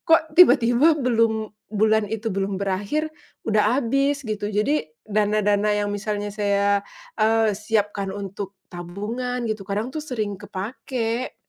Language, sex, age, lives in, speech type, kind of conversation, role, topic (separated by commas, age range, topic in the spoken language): Indonesian, female, 35-39, Indonesia, conversation, advice, user, Bagaimana cara membuat anggaran bulanan jika saya bingung mencatat pengeluaran kecil?